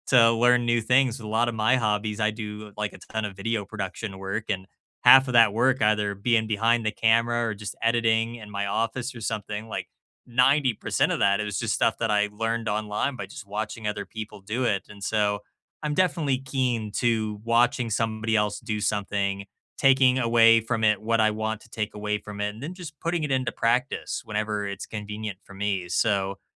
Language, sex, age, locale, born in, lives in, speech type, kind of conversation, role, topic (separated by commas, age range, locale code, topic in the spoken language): English, male, 25-29, en-US, United States, United States, conversation, unstructured, none, Which daily routines shape your day and help you feel connected to yourself and others?